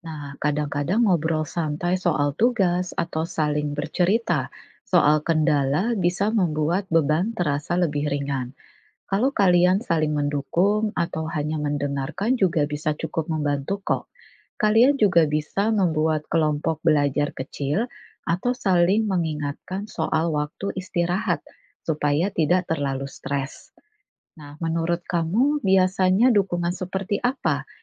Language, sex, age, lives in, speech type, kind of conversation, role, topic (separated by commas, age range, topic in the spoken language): Indonesian, female, 40-44, Indonesia, read, expert-assistant, expert, Bagaimana cara mengelola waktu antara sekolah dan aktivitas lain?